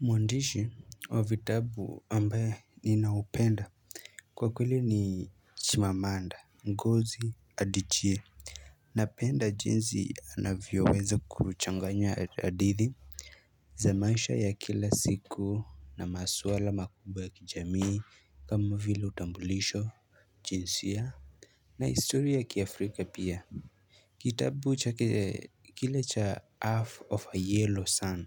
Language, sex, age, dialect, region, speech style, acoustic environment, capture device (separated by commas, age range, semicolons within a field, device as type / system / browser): Swahili, male, 18-24, Kenya - Nairobi Sheng-influenced, Kenya, happy, silence, mobile / Linux / Chrome